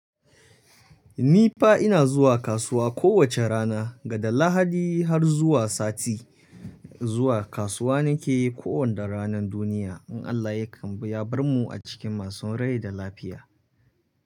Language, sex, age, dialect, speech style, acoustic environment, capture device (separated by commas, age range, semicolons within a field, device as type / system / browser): Hausa, male, 25-34, Nigeria - Kano, happy, silence, mobile / Linux / Chrome